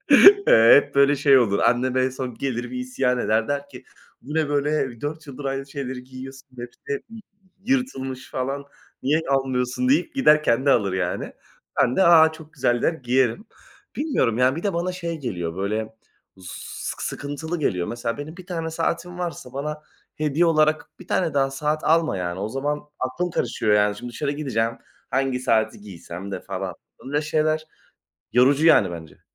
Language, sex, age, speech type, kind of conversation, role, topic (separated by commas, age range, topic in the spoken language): Turkish, male, 20-24, conversation, unstructured, none, Kişisel tarzın, kimliğini nasıl yansıtıyor?